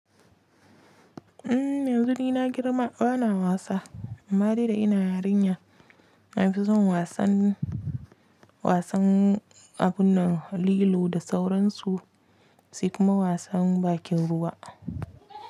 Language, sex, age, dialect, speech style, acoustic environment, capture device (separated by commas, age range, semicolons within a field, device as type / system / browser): Hausa, female, 25-34, Nigeria - Kano, relaxed, silence, mobile / Linux / Chrome